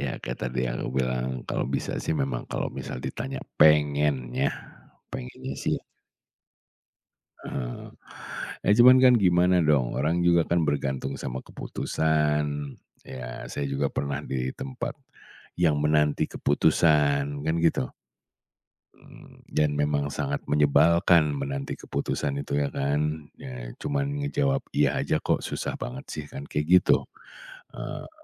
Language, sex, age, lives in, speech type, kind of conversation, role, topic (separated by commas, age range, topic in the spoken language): Indonesian, male, 40-44, Indonesia, conversation, podcast, guest, Bagaimana biasanya konflik antargenerasi muncul di keluargamu?